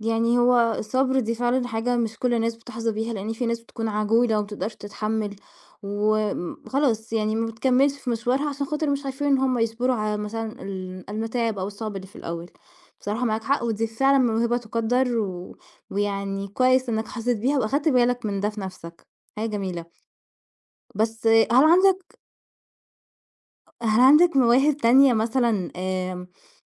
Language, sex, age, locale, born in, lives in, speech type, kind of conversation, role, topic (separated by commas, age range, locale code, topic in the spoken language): Arabic, female, 20-24, ar-EG, Egypt, Portugal, conversation, podcast, host, هل اكتشفت موهبة بالصدفة؟